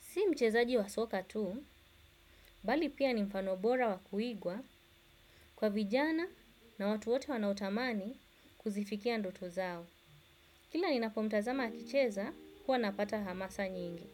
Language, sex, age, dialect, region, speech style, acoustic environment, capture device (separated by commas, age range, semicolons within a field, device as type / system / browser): Swahili, female, 25-34, Kenya - Mombasa, Kenya, relaxed; focused, silence, desktop / Windows / Chrome